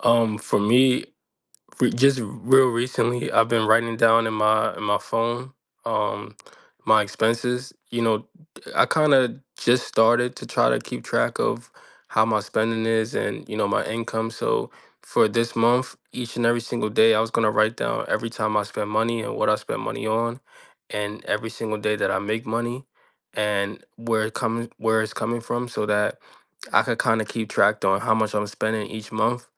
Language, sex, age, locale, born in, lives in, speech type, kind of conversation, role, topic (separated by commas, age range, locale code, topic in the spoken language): English, male, 25-29, en-US, United States, United States, conversation, unstructured, none, What has been your hardest financial struggle so far?